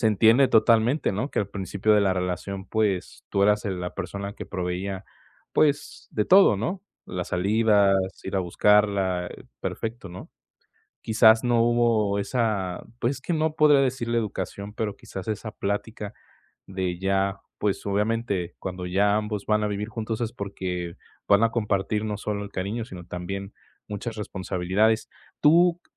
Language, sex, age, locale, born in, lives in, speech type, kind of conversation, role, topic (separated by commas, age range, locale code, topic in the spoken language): Spanish, male, 20-24, es-MX, Mexico, Mexico, conversation, advice, advisor, ¿Con quién sueles discutir por dinero y qué temas lo detonan con más frecuencia?